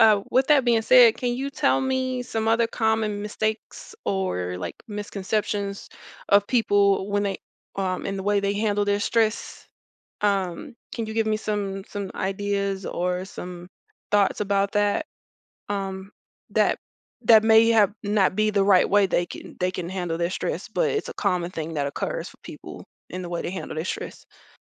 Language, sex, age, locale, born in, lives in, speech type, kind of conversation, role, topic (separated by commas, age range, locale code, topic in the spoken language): English, female, 35-39, en-US, United States, United States, conversation, podcast, host, What are some effective ways to handle stress when life gets hectic?